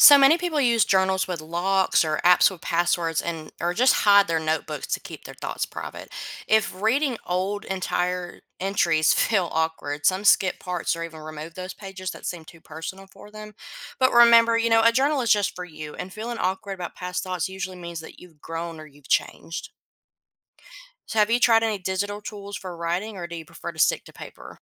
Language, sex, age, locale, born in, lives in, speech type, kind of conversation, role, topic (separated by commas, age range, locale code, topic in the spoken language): English, female, 25-29, en-US, United States, United States, read, expert-assistant, expert, What are the benefits of daily journaling?